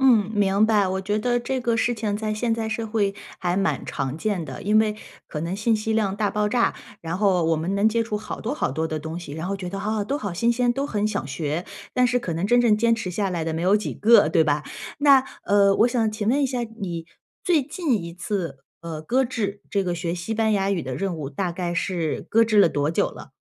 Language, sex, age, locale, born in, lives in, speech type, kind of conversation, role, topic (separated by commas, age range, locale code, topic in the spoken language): Chinese, female, 35-39, zh-CN, China, United States, conversation, advice, advisor, 我怎样才能整理并重启那些搁置的项目？